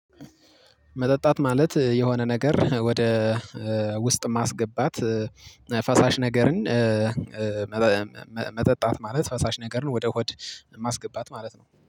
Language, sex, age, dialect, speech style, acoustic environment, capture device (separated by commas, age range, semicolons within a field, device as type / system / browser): Amharic, male, 25-34, Ethiopia - Gojjam, excited, silence, mobile / Linux / Chrome